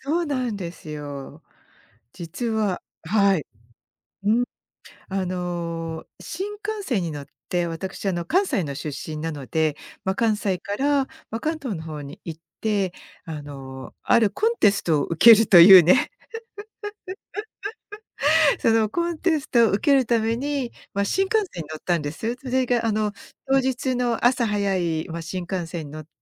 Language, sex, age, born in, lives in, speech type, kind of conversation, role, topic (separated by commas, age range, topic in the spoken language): Japanese, female, 55-59, Japan, United States, conversation, podcast, guest, 乗り遅れた電車で、意外といいことがあった経験はありますか？